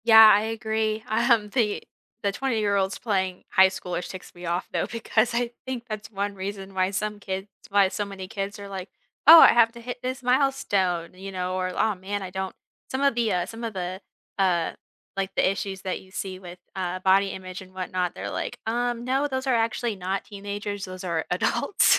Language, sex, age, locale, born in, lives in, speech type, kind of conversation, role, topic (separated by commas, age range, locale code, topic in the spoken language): English, female, 20-24, en-US, United States, United States, conversation, unstructured, none, What TV show do you always recommend to friends?